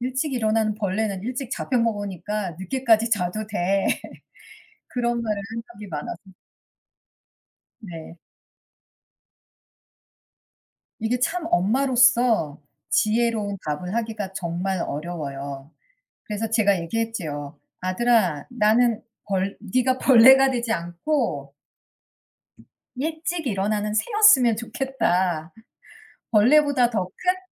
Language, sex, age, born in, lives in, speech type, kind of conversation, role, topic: Korean, female, 45-49, United States, United States, conversation, unstructured, none, 아침형 인간과 저녁형 인간 중 어느 쪽이 더 생산적이라고 생각하시나요?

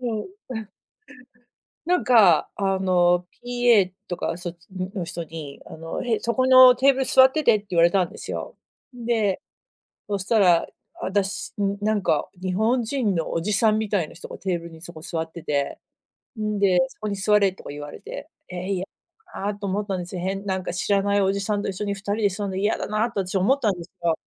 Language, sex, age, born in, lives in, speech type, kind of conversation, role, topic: Japanese, female, 40-44, United States, United States, conversation, unstructured, none, 新しいことに挑戦するとき、どうやって勇気を出しますか？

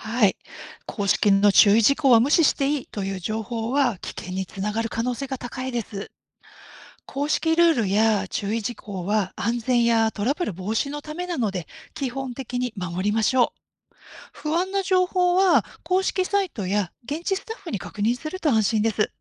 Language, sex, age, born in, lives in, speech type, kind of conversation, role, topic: Japanese, female, 50-54, Japan, Japan, read, expert-assistant, expert, 登山やキャンプに関する情報源の信頼性はどのように判断できますか？たとえば、人気のブログやSNSのアドバイスは本当に安全なのでしょうか。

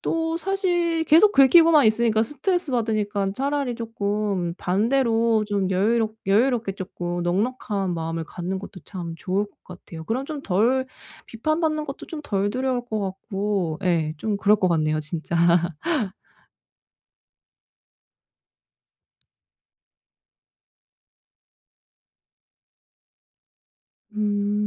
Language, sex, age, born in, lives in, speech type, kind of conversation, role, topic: Korean, female, 35-39, South Korea, Germany, conversation, advice, user, 비판을 두려워하지 않고 내 생각을 솔직하게 표현하려면 어떻게 해야 하나요?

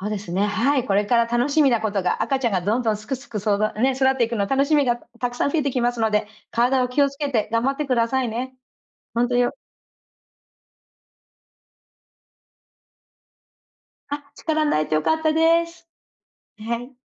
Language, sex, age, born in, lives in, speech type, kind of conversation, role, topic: Japanese, female, 40-44, Japan, United States, conversation, advice, advisor, 気持ちの揺れをどうすれば穏やかにできますか？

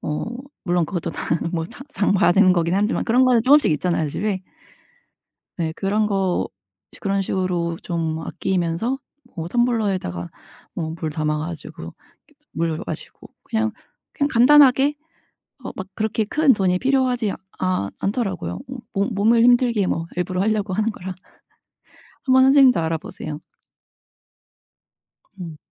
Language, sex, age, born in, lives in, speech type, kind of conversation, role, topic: Korean, female, 30-34, South Korea, United States, conversation, unstructured, none, 돈을 아끼면서도 행복하게 지낼 수 있는 방법은 무엇인가요?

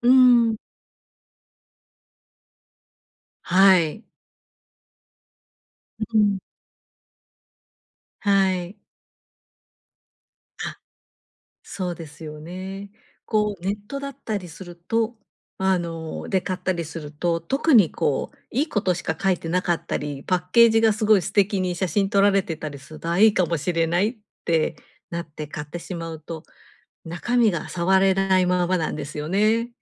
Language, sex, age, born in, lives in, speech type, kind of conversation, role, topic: Japanese, female, 50-54, Japan, Japan, conversation, advice, advisor, 必要なものと贅沢品はどうやって見分ければよいですか？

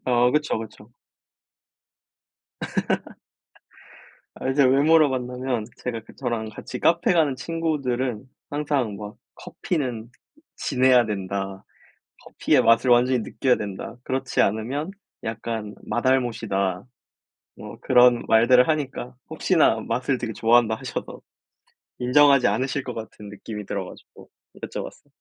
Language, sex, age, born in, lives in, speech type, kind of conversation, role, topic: Korean, male, 20-24, South Korea, South Korea, conversation, unstructured, none, 커피와 차 중 어떤 음료를 더 좋아하시나요?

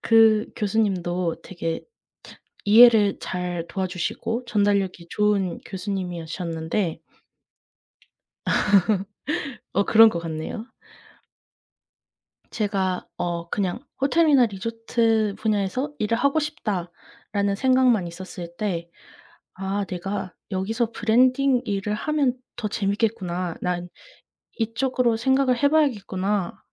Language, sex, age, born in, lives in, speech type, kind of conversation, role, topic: Korean, female, 20-24, South Korea, United States, conversation, unstructured, none, 학교 다닐 때 가장 기억에 남는 수업은 무엇이었나요?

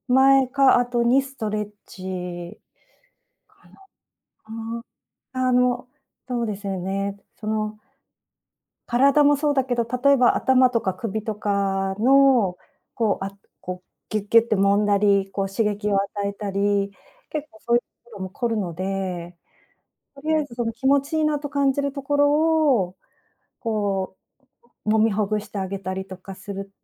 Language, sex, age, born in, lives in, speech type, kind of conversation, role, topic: Japanese, female, 55-59, Japan, Japan, conversation, advice, advisor, 仕事で休憩や運動の時間が取れず、疲れが溜まっているのですが、どうすればよいですか？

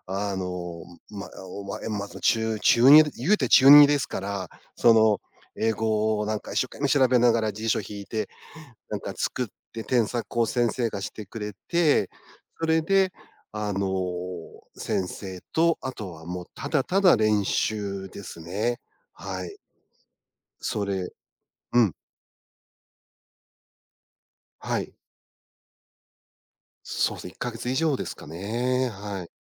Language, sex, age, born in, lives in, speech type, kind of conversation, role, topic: Japanese, male, 50-54, Japan, Japan, conversation, podcast, guest, 初めて人前で何かを表現したときのことを聞かせてくれますか？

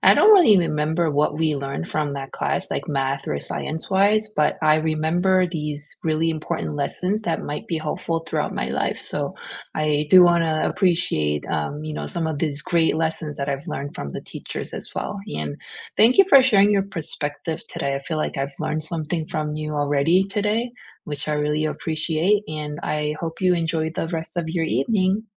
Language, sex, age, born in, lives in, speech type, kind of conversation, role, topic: English, female, 40-44, South Korea, United States, conversation, unstructured, none, What small teacher habits made learning click for you, and why did they matter?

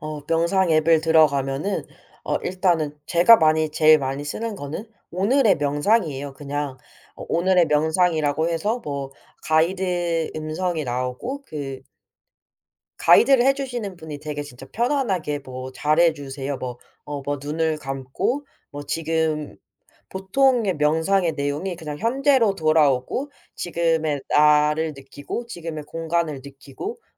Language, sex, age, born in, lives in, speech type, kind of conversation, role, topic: Korean, female, 25-29, South Korea, South Korea, conversation, podcast, guest, 불안할 때 주로 어떤 방법을 쓰세요?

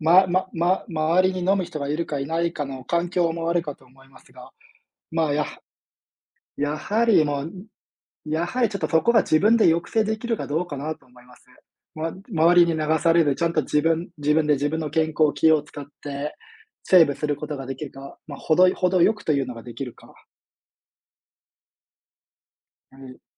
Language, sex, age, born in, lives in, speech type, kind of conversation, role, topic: Japanese, male, 30-34, Japan, Japan, conversation, unstructured, none, 健康のためにやめたほうがいい習慣は何だと思いますか？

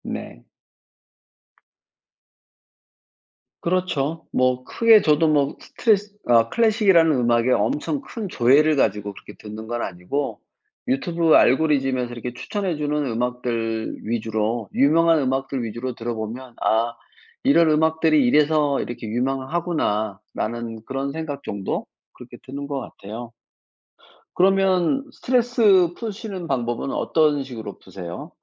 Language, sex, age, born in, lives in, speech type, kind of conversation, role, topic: Korean, male, 55-59, South Korea, France, conversation, unstructured, none, 휴일에는 보통 무엇을 하며 시간을 보내시나요?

